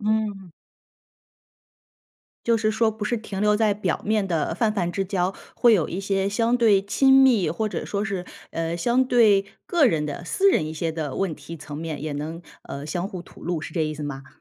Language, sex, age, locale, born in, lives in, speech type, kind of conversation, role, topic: Chinese, female, 35-39, zh-CN, China, United States, conversation, podcast, host, 你怎样才能交到真心朋友？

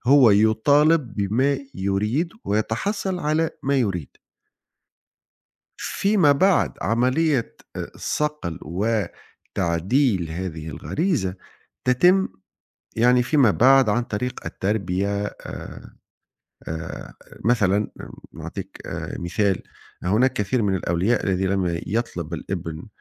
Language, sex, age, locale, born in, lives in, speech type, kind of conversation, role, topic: Arabic, male, 40-44, ar-TN, Tunisia, Italy, conversation, unstructured, none, هل إنت شايف إن الناس بتتولد طيبين ولا بيتعلموا الطيبة؟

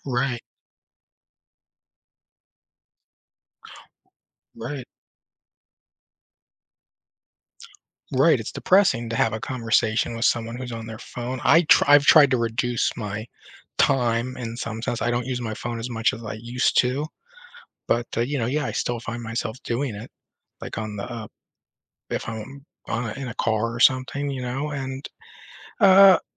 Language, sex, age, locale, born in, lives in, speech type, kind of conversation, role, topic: English, male, 35-39, en-US, United States, United States, conversation, podcast, guest, In what ways have smartphones transformed our daily lives and interactions?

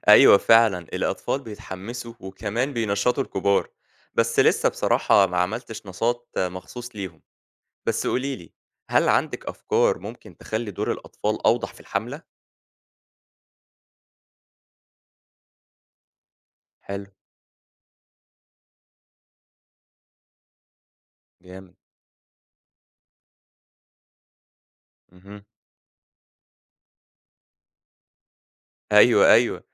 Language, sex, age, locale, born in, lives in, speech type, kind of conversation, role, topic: Arabic, male, 25-29, ar-EG, Egypt, Egypt, read, expert-assistant, asker, إيه أفضل الطرق لتنظيم حملات توعية بيئية في الحي أو المجتمع بحيث يكون ليها تأثير فعلي ومستدام؟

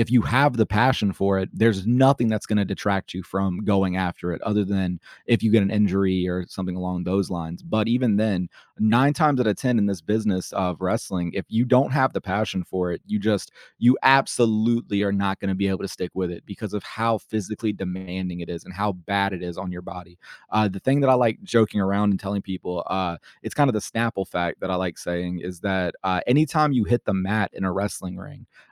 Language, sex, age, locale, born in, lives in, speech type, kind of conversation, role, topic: English, male, 25-29, en-US, United States, United States, conversation, podcast, guest, How has being creative shaped who you are and how you see the world?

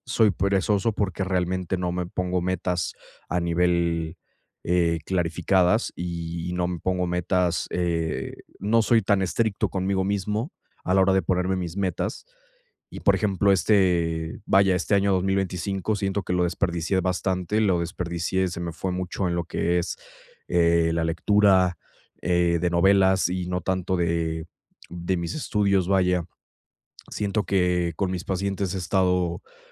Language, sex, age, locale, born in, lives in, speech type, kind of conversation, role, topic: Spanish, male, 20-24, es-MX, Mexico, Mexico, conversation, advice, user, ¿Cómo puedo superar la procrastinación causada por el miedo al fracaso?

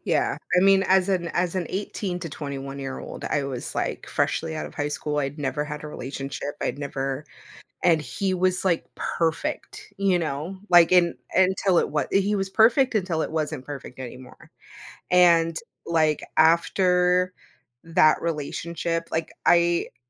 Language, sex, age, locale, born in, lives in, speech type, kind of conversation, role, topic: English, female, 30-34, en-US, United States, United States, conversation, advice, user, How can I reconnect with an old friend?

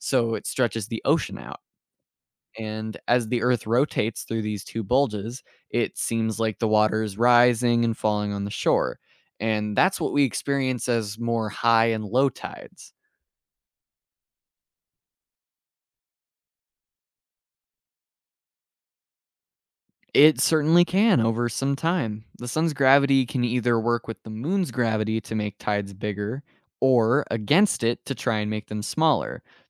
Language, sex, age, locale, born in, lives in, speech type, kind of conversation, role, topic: English, male, 18-19, en-US, United States, United States, read, expert-assistant, expert, What causes tides and why do they vary around the world?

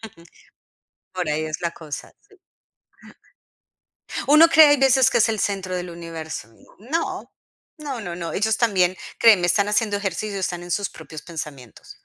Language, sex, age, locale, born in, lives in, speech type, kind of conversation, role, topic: Spanish, female, 45-49, es-CO, Colombia, United States, conversation, advice, advisor, ¿Cómo puedo retomar mis rutinas establecidas y volver a ser constante?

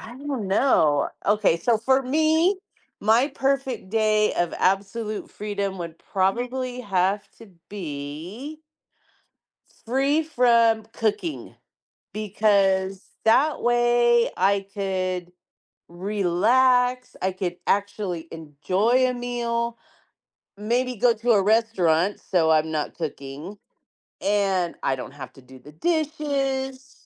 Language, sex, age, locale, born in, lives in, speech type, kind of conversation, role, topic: English, female, 50-54, en-US, United States, United States, conversation, unstructured, none, Would you rather have a day of absolute freedom or a day of absolute comfort?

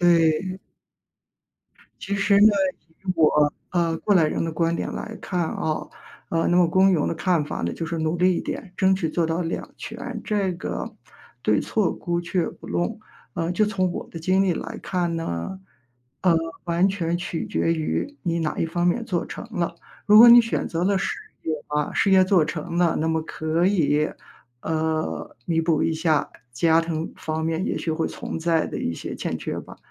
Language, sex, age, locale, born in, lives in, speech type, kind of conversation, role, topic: Chinese, female, 55-59, zh-CN, China, United States, conversation, advice, user, 我该如何在创业和家庭生活之间取得平衡？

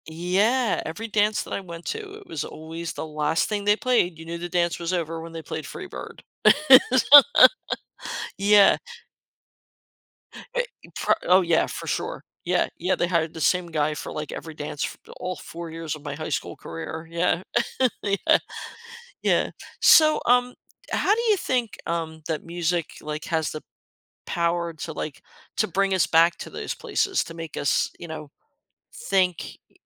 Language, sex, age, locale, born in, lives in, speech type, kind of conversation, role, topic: English, female, 55-59, en-US, United States, United States, conversation, podcast, host, Why do certain songs have the power to transport us back to meaningful moments in our lives?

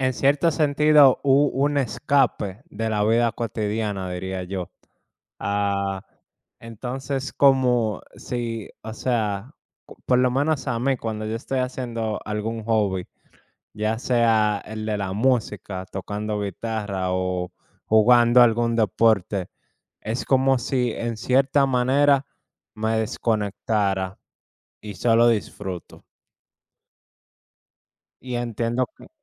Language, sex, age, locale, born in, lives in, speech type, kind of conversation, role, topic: Spanish, male, 25-29, es-DO, United States, United States, conversation, unstructured, none, ¿Te enojas cuando alguien interrumpe el tiempo que dedicas a tu pasatiempo?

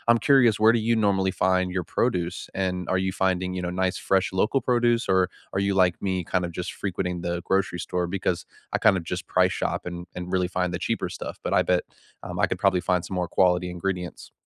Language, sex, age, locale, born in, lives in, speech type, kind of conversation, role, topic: English, male, 55-59, en-US, United States, United States, conversation, unstructured, none, What is one small, doable goal you’re excited to pursue this season, and why does it matter to you?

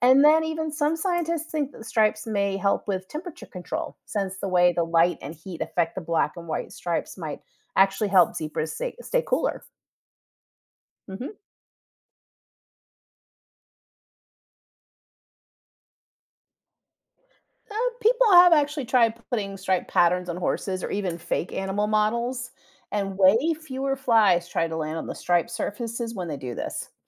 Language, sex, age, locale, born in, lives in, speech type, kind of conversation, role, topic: English, female, 45-49, en-US, United States, United States, read, expert-assistant, expert, Why do zebras have stripes?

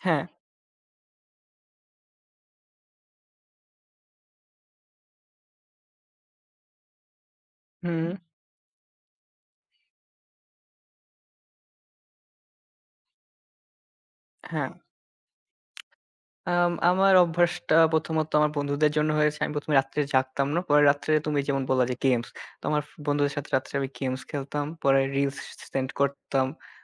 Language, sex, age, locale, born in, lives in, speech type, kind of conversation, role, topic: Bengali, male, 18-19, bn-IN, Bangladesh, Bangladesh, conversation, unstructured, none, আপনার দৈনন্দিন জীবনে আপনার কোন অভ্যাসটি সবচেয়ে বেশি বিরক্তিকর বলে মনে হয়?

